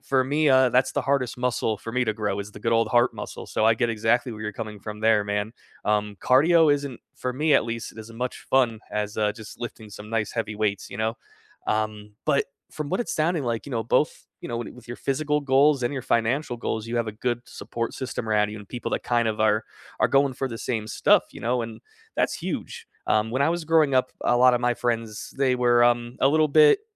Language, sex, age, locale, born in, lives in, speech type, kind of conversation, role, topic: English, male, 30-34, en-US, United States, United States, conversation, unstructured, none, What are you working toward this year, and how can others support you along the way?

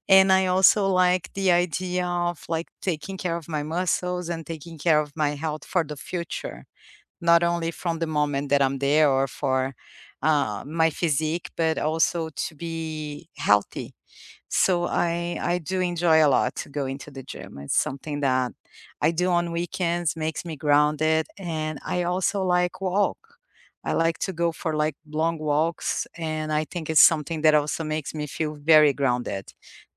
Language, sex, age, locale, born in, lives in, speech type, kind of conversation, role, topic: English, female, 45-49, en-BR, Brazil, United States, conversation, unstructured, none, What weekend rituals help you recharge and feel grounded, and what makes them meaningful to you?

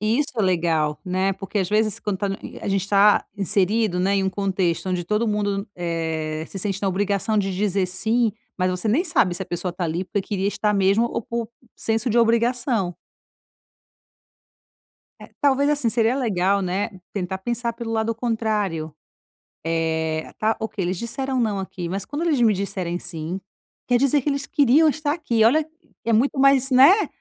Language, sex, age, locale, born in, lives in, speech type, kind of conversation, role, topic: Portuguese, female, 35-39, pt-BR, Brazil, Italy, conversation, advice, advisor, Como posso dizer não a convites sem sentir culpa social?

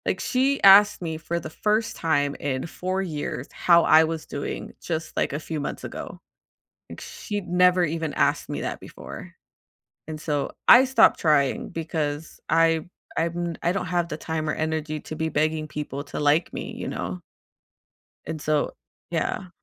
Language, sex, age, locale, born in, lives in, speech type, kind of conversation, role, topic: English, female, 35-39, en-US, United States, United States, conversation, advice, user, How can I manage tension at a family gathering?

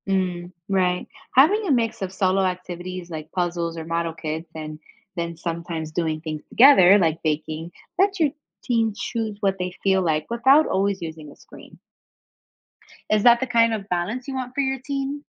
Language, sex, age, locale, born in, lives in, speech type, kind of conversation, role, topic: English, female, 25-29, en-US, United States, United States, read, expert-assistant, expert, What are the effects of screen time on teenagers’ health?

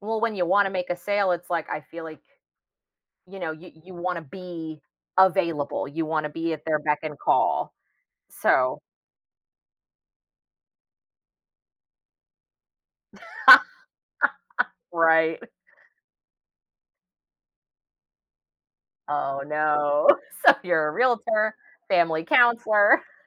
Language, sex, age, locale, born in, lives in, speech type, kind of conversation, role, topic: English, female, 35-39, en-US, United States, United States, conversation, advice, advisor, How can I balance family time and work during busy periods so I don't feel guilty?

